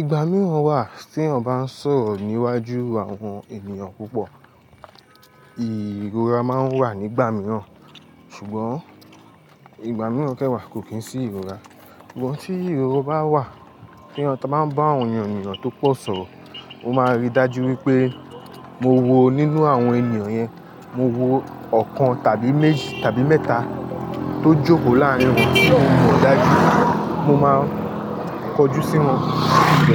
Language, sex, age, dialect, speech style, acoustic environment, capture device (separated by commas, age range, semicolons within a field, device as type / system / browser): Yoruba, male, 25-34, Nigeria - Lagos Yoruba, happy; anxious; tired; excited; focused; relaxed, people talking; music; nature; construction; appliances, mobile / Linux / Chrome